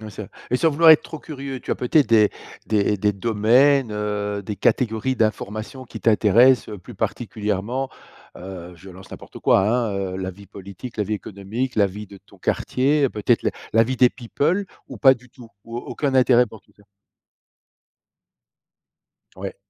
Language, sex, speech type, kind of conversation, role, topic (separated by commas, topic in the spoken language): French, male, conversation, podcast, host, Comment gères-tu les notifications envahissantes ?